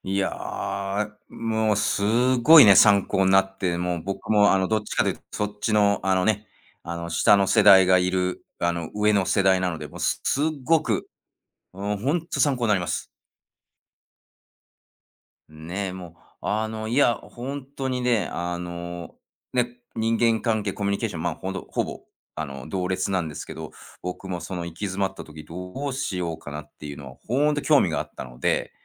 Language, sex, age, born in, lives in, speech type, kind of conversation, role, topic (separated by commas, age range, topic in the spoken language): Japanese, male, 45-49, Japan, United States, conversation, podcast, host, 行き詰まったとき、まず何をしますか？